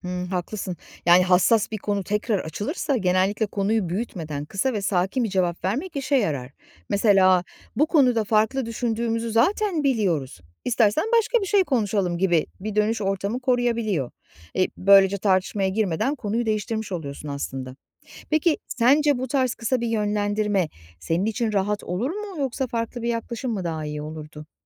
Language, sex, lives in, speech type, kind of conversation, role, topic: Turkish, female, Poland, read, expert-assistant, expert, Ailede hassas konular nasıl sakin ve yapıcı şekilde konuşulabilir?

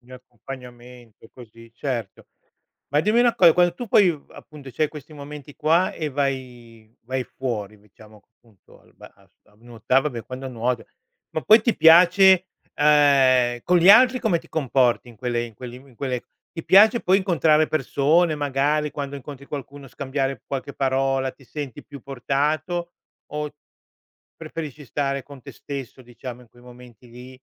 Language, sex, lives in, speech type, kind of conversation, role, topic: Italian, male, Italy, conversation, podcast, host, Qual è un’attività all’aperto che fai per calmare l’ansia?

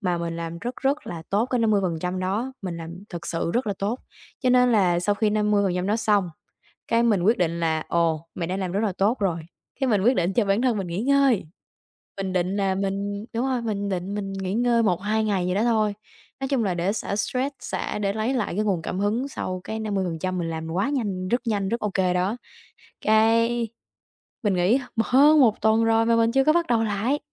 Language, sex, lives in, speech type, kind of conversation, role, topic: Vietnamese, female, Vietnam, conversation, advice, user, Làm sao để vượt qua sự trì hoãn và bắt đầu dự án của bạn?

